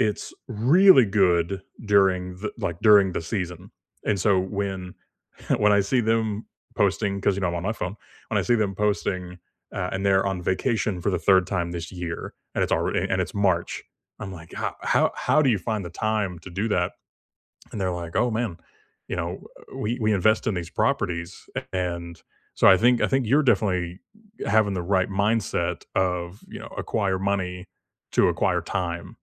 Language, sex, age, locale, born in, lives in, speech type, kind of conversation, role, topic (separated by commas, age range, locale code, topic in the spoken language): English, male, 30-34, en-US, United States, United States, conversation, unstructured, none, What could you change next year to free up more time and energy for what matters most?